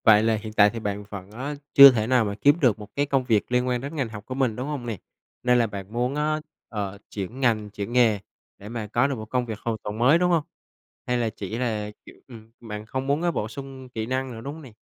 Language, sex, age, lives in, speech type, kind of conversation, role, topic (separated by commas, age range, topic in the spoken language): Vietnamese, male, 25-29, Vietnam, conversation, advice, advisor, Bạn quyết định học lại để lấy bằng mới vì lý do gì?